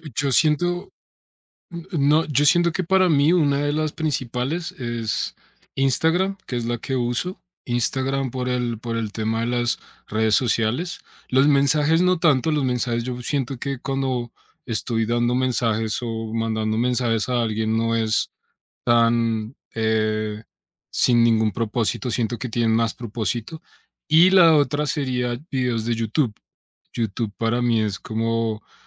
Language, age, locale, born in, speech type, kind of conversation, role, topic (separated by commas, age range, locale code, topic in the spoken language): Spanish, 30-34, es-CO, Colombia, conversation, advice, user, ¿Cómo te afecta la pérdida de enfoque debido a las distracciones digitales y la falta de rutina?